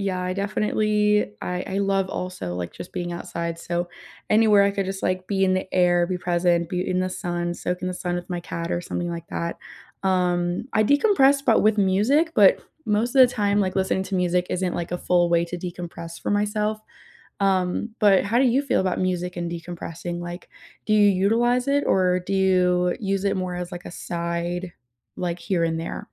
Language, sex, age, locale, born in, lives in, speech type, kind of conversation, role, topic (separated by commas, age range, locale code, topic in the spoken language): English, female, 20-24, en-US, United States, United States, conversation, unstructured, none, What helps you unwind after a busy day, and how can we relax together?